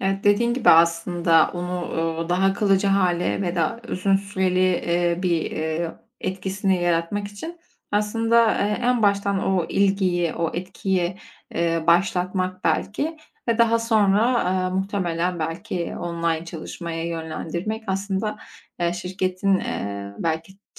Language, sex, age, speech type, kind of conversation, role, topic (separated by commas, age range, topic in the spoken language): Turkish, female, 25-29, conversation, podcast, host, Sence uzaktan çalışma daha kalıcı hâle gelir mi?